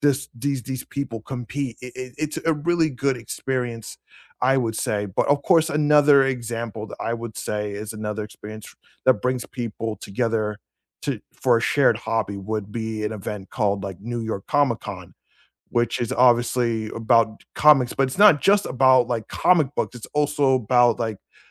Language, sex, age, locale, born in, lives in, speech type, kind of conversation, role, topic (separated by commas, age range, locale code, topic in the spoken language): English, male, 35-39, en-US, United States, United States, conversation, unstructured, none, How do hobbies help you connect with other people?